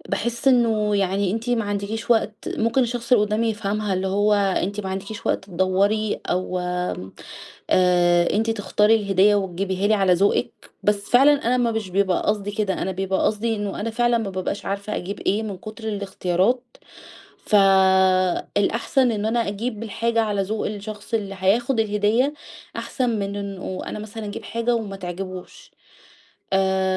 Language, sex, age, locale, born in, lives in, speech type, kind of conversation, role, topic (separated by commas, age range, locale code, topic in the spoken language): Arabic, female, 30-34, ar-EG, Egypt, Egypt, conversation, advice, user, إزاي أتعامل مع إرهاق التسوّق واتخاذ القرارات من غير ما أتوتر؟